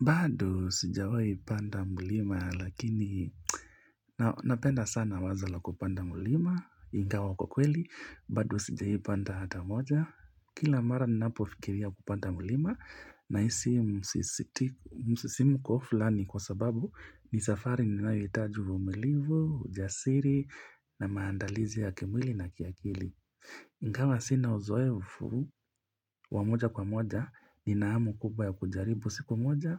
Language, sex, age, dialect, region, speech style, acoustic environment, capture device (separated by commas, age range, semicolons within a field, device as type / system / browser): Swahili, male, 25-34, Kenya - Mombasa, Kenya, relaxed; focused; happy, nature; silence, mobile / Linux / Chrome